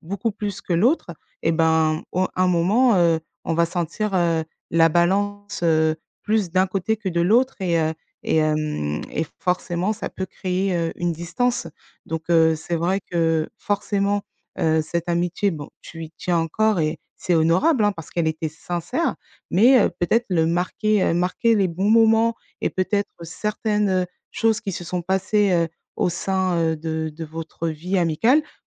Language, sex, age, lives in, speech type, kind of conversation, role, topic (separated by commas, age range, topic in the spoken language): French, female, 35-39, France, conversation, advice, advisor, Que faire quand un ami m’ignore ou disparaît sans explication ?